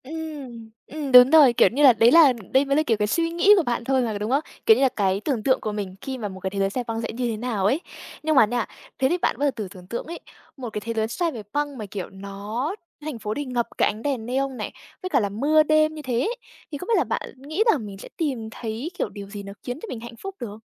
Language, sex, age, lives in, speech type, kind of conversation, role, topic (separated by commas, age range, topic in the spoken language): Vietnamese, female, 20-24, Vietnam, conversation, podcast, host, Thế giới giả tưởng nào bạn muốn thử sống nhất?